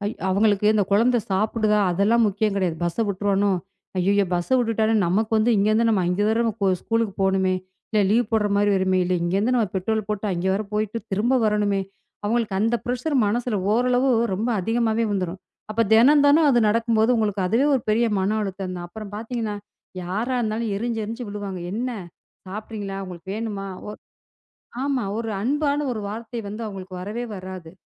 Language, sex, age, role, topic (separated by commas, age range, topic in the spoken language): Tamil, female, 35-39, guest, சிறுவர்களை பள்ளிக்கு தயாரிப்பது உங்கள் வீட்டில் எப்படி நடக்கிறது?